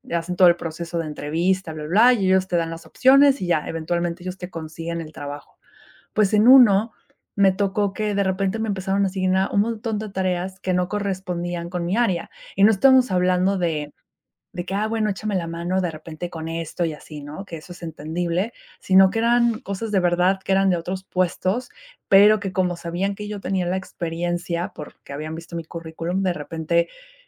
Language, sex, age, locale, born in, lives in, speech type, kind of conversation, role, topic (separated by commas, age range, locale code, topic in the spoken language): Spanish, female, 30-34, es-MX, Mexico, Mexico, conversation, podcast, guest, ¿Cómo afrontaste la incertidumbre al empezar de cero?